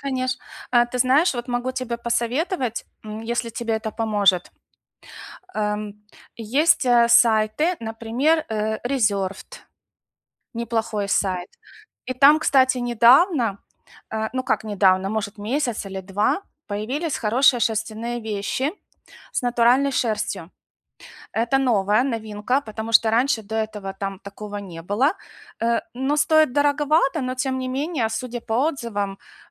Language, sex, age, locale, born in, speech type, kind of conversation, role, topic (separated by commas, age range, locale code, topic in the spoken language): Russian, female, 45-49, ru-UA, Ukraine, conversation, advice, advisor, Как экономно покупать одежду и подарки, не теряя стиль и качество?